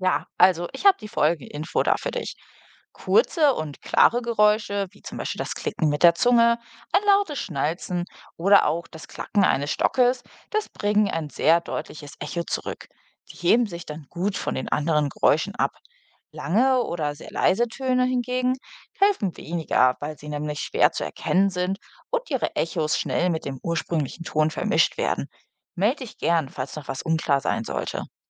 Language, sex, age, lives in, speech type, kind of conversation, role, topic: German, female, 25-29, Germany, read, expert-assistant, expert, Wie entsteht ein Echo?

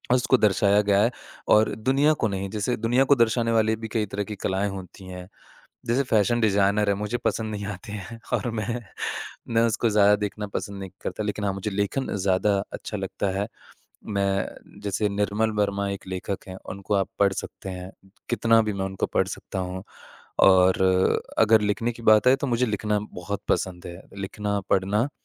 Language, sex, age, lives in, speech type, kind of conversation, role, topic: Hindi, male, 25-29, India, conversation, podcast, guest, आपकी कला लोगों से कैसे जुड़ती है?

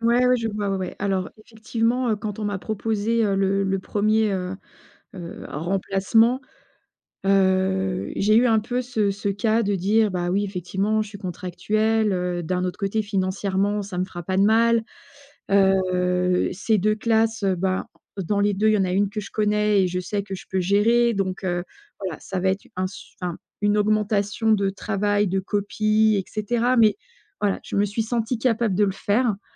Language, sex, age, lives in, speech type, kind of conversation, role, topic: French, female, 45-49, France, conversation, advice, user, Comment refuser poliment une surcharge de travail ?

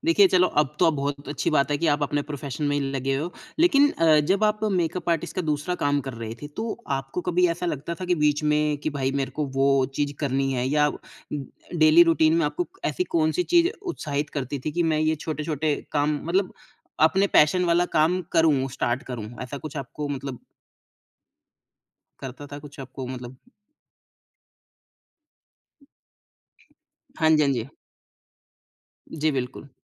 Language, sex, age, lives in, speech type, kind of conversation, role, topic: Hindi, male, 30-34, India, conversation, podcast, host, Kaam mein tum apna purpose kaise mehsoos karte ho?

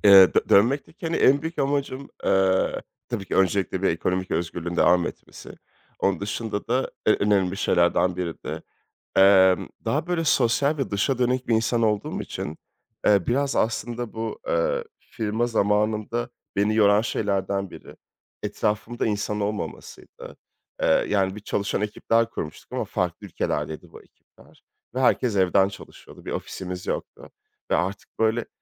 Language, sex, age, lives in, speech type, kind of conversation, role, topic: Turkish, male, 30-34, France, conversation, podcast, guest, Bir başarısızlık hikâyeni benimle paylaşır mısın?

